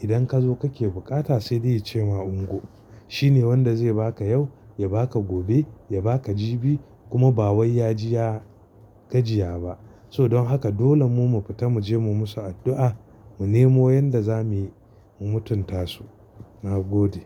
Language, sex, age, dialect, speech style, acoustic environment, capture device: Hausa, male, 35-44, Nigeria - Kano, relaxed, silence, mobile / Linux / Chrome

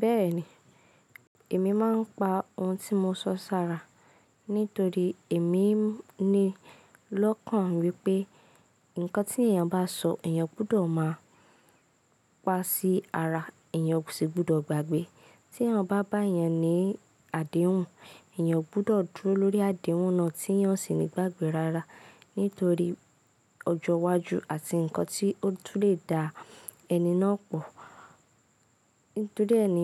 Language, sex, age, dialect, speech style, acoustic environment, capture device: Yoruba, female, 18-24, Nigeria - Ibadan, relaxed, nature, mobile / Linux / Chrome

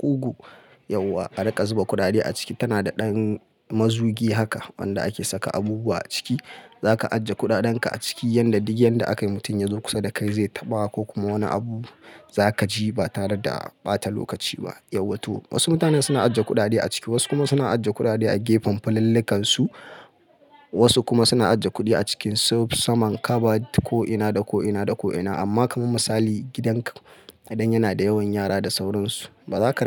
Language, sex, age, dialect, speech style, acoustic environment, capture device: Hausa, male, 25-34, Nigeria - Kano, focused, people talking, mobile / Linux / Chrome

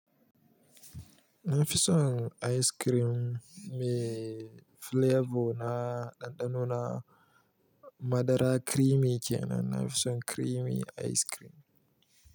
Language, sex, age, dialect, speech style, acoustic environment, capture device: Hausa, male, 25-34, Nigeria - Kano, happy, people talking, mobile / Linux / Chrome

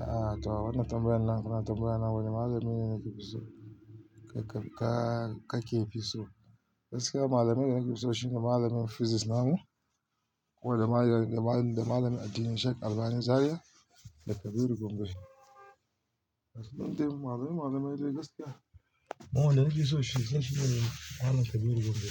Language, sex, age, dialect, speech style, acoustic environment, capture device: Hausa, male, 35-44, Nigeria - Kano, stressed, nature, mobile / Linux / Chrome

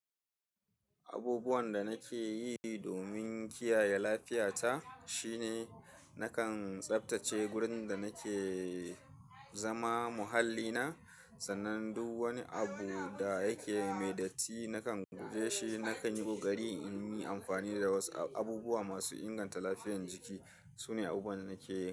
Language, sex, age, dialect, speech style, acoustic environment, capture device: Hausa, male, 35-44, Nigeria - Kano, happy, people talking, mobile / Linux / Chrome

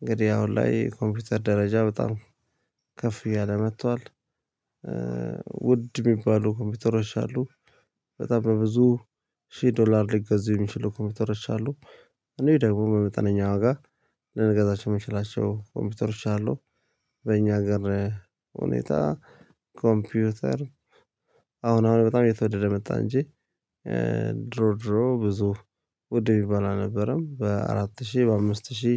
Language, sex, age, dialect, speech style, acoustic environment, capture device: Amharic, male, 35-44, Ethiopia - Addis Ababa, relaxed, nature, mobile / Linux / Chrome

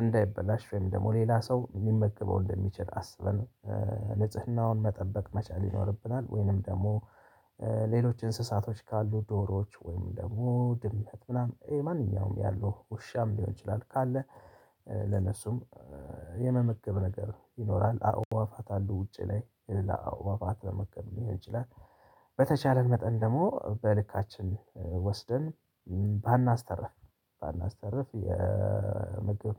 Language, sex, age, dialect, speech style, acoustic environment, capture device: Amharic, male, 35-44, Ethiopia - Addis Ababa, relaxed, nature, mobile / Linux / Chrome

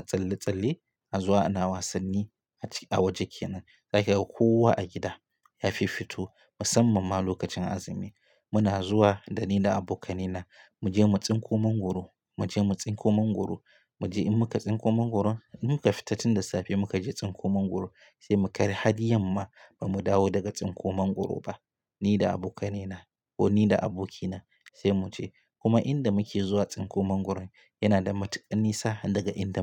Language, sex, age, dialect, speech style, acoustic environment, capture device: Hausa, male, 25-34, Nigeria - Katsina, happy, silence, mobile / Linux / Chrome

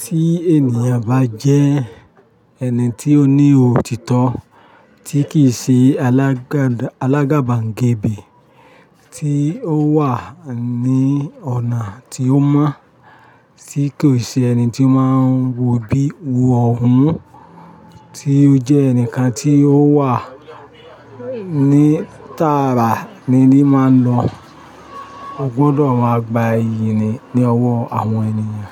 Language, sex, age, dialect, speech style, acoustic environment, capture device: Yoruba, male, 45-54, Nigeria - Ibadan, happy, people talking, mobile / Linux / Chrome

